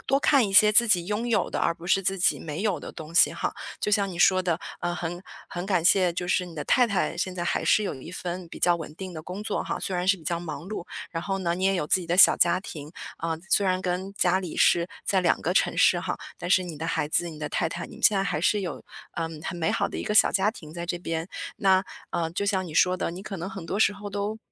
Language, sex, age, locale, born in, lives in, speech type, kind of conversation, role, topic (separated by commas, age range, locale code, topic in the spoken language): Chinese, female, 35-39, zh-CN, China, United States, conversation, advice, advisor, 我总是依赖别人的认可才有动力，如何才能改变这种状况？